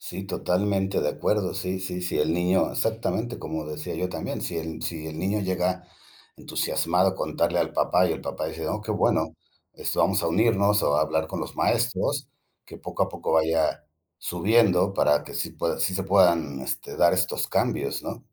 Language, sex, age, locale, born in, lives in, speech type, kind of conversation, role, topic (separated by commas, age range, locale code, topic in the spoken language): Spanish, male, 55-59, es-MX, Mexico, Mexico, conversation, unstructured, none, ¿Crees que la educación debería cambiar? ¿Por qué?